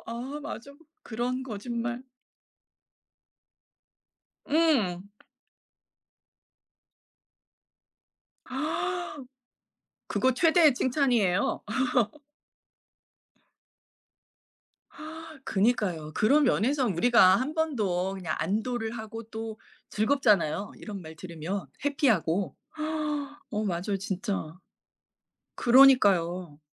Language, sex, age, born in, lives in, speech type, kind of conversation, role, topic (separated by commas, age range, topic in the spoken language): Korean, female, 50-54, South Korea, United States, conversation, unstructured, none, 거짓말이 때로는 필요하다고 생각해 본 적이 있나요?